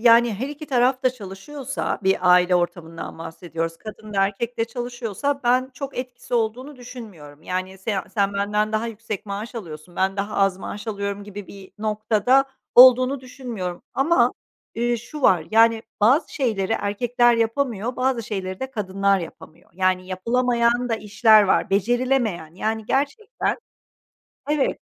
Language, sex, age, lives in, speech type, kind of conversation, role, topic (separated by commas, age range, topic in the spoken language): Turkish, female, 45-49, Netherlands, conversation, podcast, guest, Cinsiyete göre dağılan roller aile hayatını nasıl şekillendiriyor?